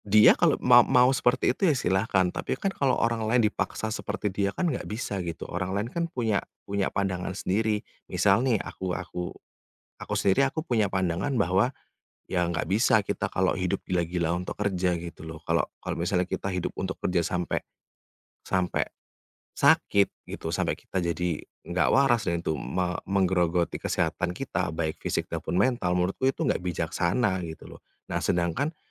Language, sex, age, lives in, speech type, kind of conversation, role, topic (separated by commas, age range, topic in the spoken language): Indonesian, male, 30-34, Indonesia, conversation, advice, user, Bagaimana pengalaman Anda menghadapi ekspektasi atasan agar selalu tersedia di luar jam kerja?